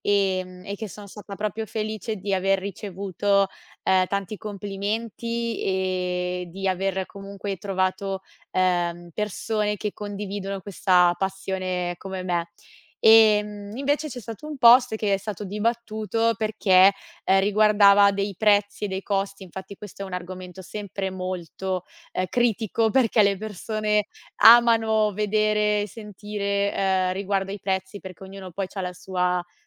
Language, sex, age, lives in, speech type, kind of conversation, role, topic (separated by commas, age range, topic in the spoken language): Italian, female, 25-29, Italy, conversation, podcast, guest, Come i social media influenzano il modo in cui mostri la tua cultura?